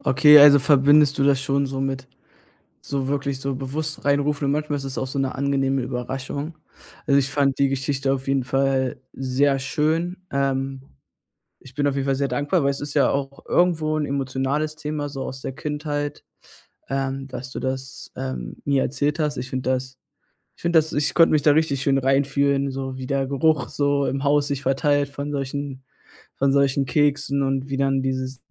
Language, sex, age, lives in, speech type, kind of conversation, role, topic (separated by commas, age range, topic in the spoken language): German, male, 20-24, Germany, conversation, podcast, host, Welche Erinnerungen rufst du dir durch einen bestimmten Geruch besonders gern ins Gedächtnis?